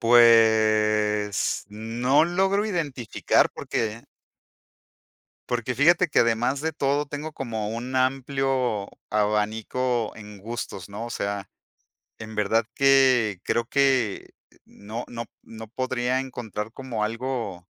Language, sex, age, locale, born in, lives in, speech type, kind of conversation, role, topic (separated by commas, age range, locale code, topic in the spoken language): Spanish, male, 50-54, es-MX, Mexico, Mexico, conversation, podcast, guest, ¿Qué buscas cuando eliges pareja?